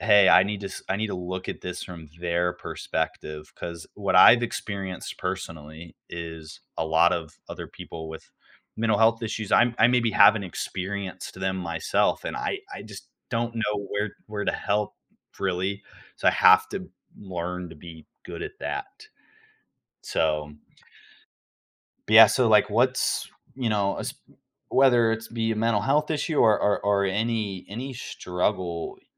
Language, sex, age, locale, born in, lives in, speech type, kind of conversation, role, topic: English, male, 18-19, en-US, United States, United States, conversation, podcast, host, How can we be there for friends who are struggling without overstepping or neglecting our own well-being?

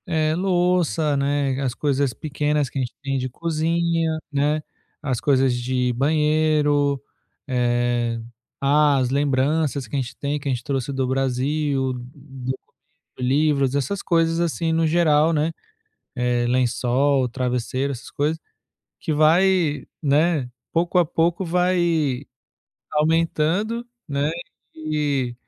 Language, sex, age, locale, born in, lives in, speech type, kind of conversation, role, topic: Portuguese, male, 35-39, pt-BR, Brazil, France, conversation, advice, user, Como posso organizar a mudança sem estresse financeiro?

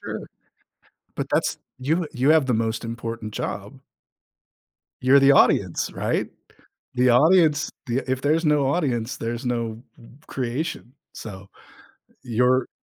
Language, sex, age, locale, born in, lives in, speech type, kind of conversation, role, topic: English, male, 50-54, en-US, United States, United States, conversation, podcast, guest, What makes a story compelling across different media?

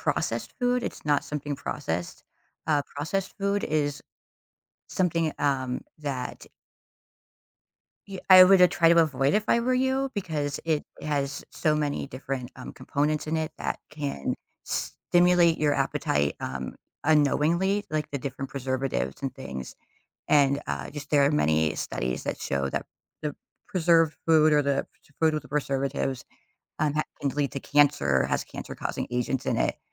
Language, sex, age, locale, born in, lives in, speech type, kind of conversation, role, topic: English, female, 45-49, en-US, United States, United States, conversation, advice, advisor, How can I find tasty, low-calorie snacks that keep me full during a busy day?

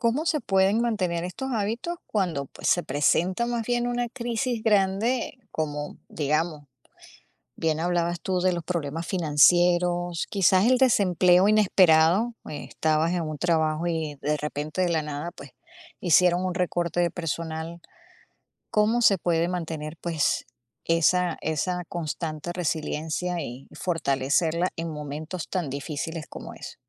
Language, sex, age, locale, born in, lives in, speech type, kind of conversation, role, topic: Spanish, female, 50-54, es-US, Venezuela, United States, conversation, podcast, host, ¿Qué hábitos diarios ayudan más a fortalecer la resiliencia?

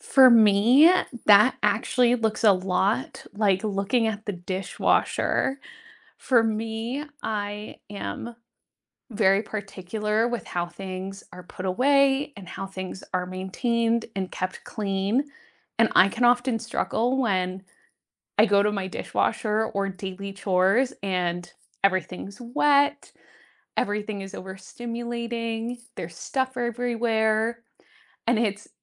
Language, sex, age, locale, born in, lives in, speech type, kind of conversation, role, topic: English, female, 25-29, en-US, United States, United States, conversation, unstructured, none, What is your biggest pet peeve about daily habits?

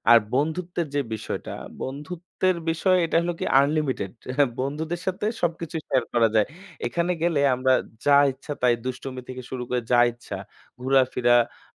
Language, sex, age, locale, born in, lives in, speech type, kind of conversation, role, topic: Bengali, male, 25-29, bn-BD, Bangladesh, Bangladesh, conversation, podcast, guest, ট্রেনে বা বাসে ভ্রমণের কোনো স্মরণীয় কাহিনী কি আপনি শেয়ার করতে পারবেন?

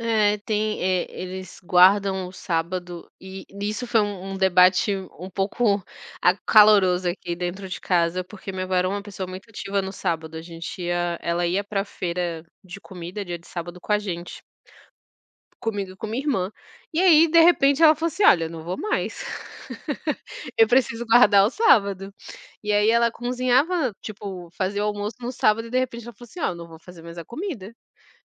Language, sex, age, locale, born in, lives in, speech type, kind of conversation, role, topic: Portuguese, female, 30-34, pt-BR, Brazil, France, conversation, unstructured, none, O que provoca tanta intolerância entre religiões diferentes?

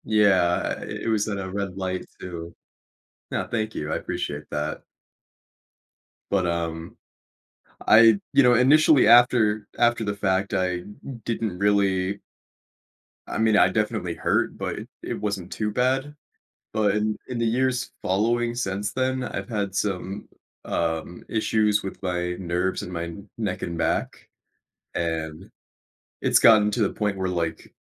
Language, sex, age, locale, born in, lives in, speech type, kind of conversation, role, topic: English, male, 25-29, en-US, United States, United States, conversation, advice, user, How can I regain motivation for my goals?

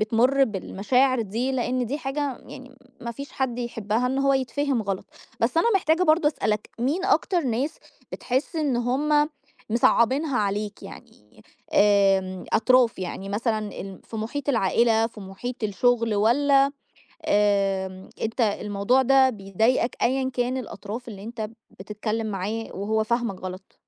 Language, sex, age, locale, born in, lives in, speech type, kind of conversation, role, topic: Arabic, female, 30-34, ar-EG, Egypt, Egypt, conversation, advice, advisor, إيه سوء فهم حصل معاك قبل كده بسبب رسالة نصية أو إيميل؟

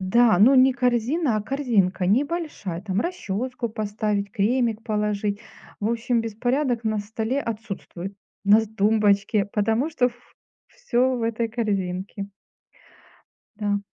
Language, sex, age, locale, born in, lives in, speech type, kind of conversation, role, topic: Russian, female, 45-49, ru-RU, Ukraine, Spain, conversation, unstructured, none, Какой навык, приобретённый в детстве, до сих пор помогает вам в жизни?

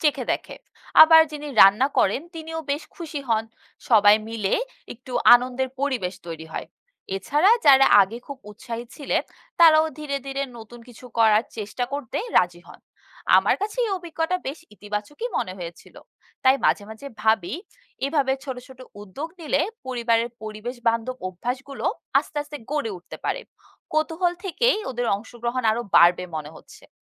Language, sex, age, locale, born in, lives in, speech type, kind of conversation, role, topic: Bengali, female, 20-24, bn-BD, Bangladesh, Bangladesh, read, expert-assistant, asker, পরিবারে পরিবেশবান্ধব অভ্যাস গড়ে তুলতে কী ধরনের ছোট উদ্যোগ নেওয়া যেতে পারে?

